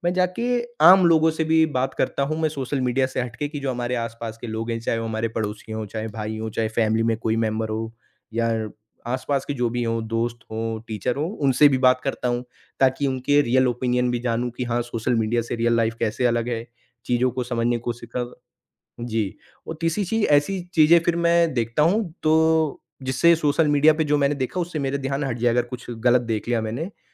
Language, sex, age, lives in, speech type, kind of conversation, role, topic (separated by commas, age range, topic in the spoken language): Hindi, male, 40-44, India, conversation, podcast, guest, Social media ka istemal tumhare mood par kaise asar dalta hai?